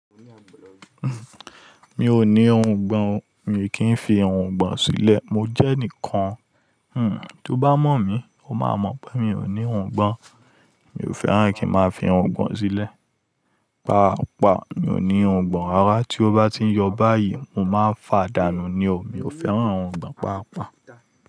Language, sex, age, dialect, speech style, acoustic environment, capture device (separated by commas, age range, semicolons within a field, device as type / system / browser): Yoruba, male, 25-34, Nigeria - Lagos Yoruba, focused, people talking, mobile / Linux / Chrome